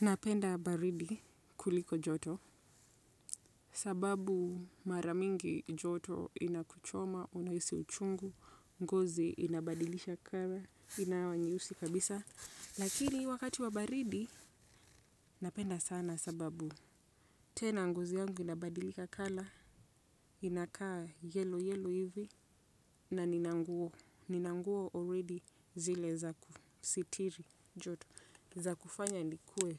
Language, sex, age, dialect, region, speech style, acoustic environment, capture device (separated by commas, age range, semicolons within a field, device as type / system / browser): Swahili, female, 25-34, Kenya - Nairobi Sheng-influenced, Kenya, focused; relaxed, silence, desktop / Windows / Chrome